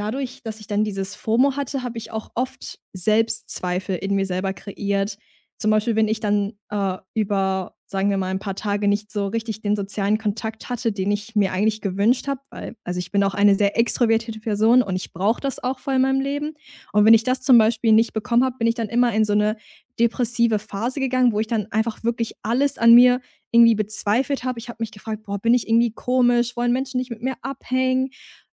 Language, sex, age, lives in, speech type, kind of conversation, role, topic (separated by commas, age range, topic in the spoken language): German, female, 20-24, Germany, conversation, podcast, guest, Was war dein größter emotionaler Lernmoment?